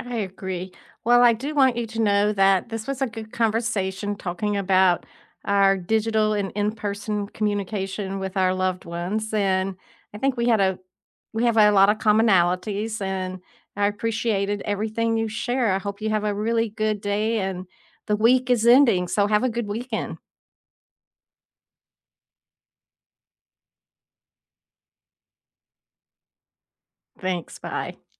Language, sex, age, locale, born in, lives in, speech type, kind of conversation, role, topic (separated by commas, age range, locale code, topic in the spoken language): English, female, 70-74, en-US, United States, United States, conversation, unstructured, none, How do you balance digital and face-to-face communication with the people you love?